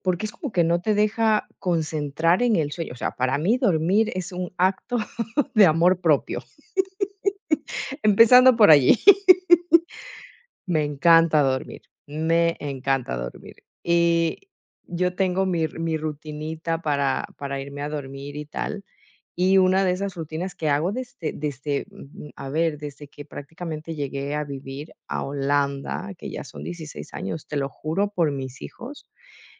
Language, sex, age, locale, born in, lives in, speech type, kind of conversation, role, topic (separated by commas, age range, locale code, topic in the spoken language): Spanish, female, 40-44, es-EC, Ecuador, Netherlands, conversation, podcast, guest, ¿Qué haces para desconectarte del celular por la noche, de verdad?